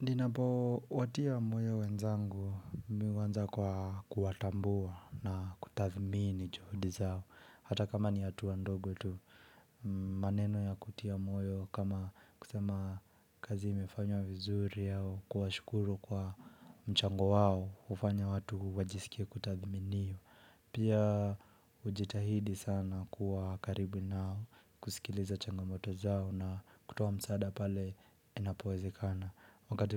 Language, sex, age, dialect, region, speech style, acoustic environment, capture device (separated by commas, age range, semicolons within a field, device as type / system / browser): Swahili, male, 25-34, Kenya - Nairobi Sheng-influenced, Kenya, relaxed, silence, mobile / Linux / Edge